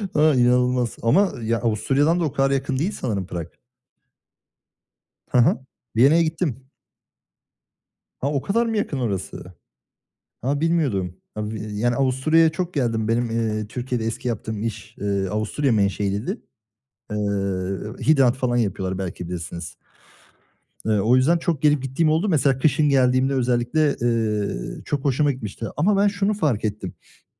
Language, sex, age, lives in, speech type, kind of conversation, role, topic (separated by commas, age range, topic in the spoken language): Turkish, male, 25-29, Germany, conversation, unstructured, none, Doğada en çok hangi mevsimi seviyorsun?